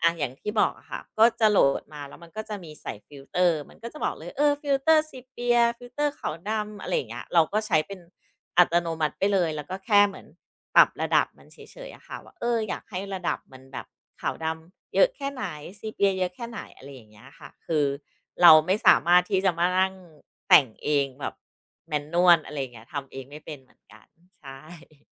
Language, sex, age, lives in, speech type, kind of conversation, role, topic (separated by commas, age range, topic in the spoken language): Thai, female, 40-44, Thailand, conversation, podcast, guest, คุณรู้สึกอย่างไรเวลาต้องแต่งรูปก่อนโพสต์?